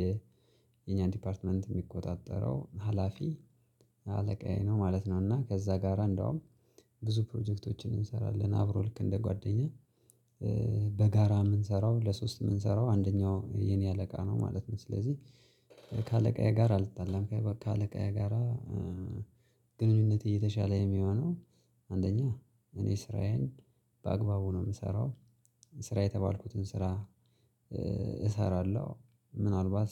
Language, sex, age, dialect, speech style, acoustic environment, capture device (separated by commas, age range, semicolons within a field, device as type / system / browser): Amharic, male, 25-34, Ethiopia - Gojjam, relaxed, silence, mobile / Linux / Chrome